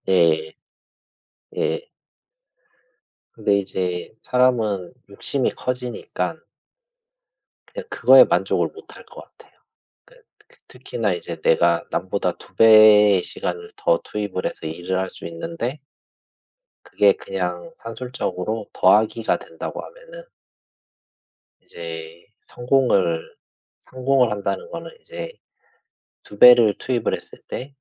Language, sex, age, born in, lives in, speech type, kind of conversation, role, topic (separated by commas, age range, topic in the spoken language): Korean, male, 40-44, South Korea, Japan, conversation, unstructured, none, 하루 종일 잠을 잘 수 있는 능력과 하루 종일 깨어 있을 수 있는 능력 중 어떤 것이 더 좋을까요?